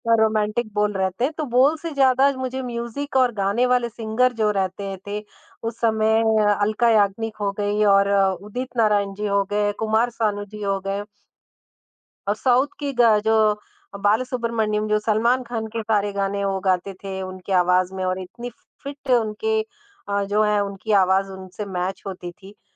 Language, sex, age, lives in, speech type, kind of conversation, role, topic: Hindi, male, 50-54, India, conversation, podcast, guest, तुम्हारा सबसे पसंदीदा गाना कौन सा है, और तुम्हें वह क्यों पसंद है?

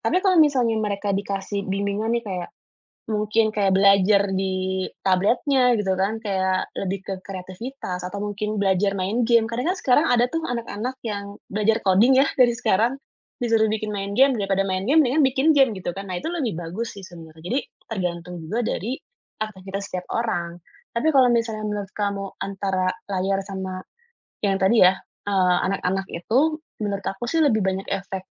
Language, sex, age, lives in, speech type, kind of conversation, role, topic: Indonesian, female, 35-39, Indonesia, conversation, podcast, guest, Bagaimana kamu membagi waktu antara layar dan aktivitas di dunia nyata?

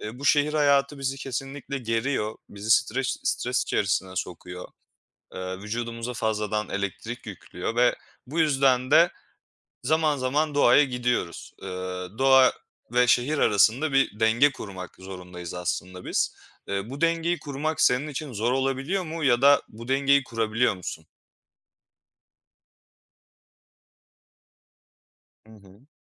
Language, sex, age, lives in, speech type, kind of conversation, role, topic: Turkish, male, 25-29, Poland, conversation, unstructured, none, Doğada vakit geçirmek size nasıl hissettiriyor?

